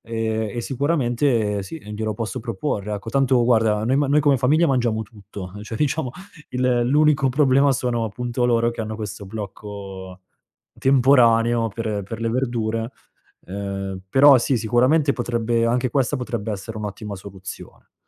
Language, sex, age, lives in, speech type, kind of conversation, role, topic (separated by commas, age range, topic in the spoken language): Italian, male, 30-34, Italy, conversation, advice, user, Come posso gestire un figlio o un convivente schizzinoso che rifiuta i cibi sani?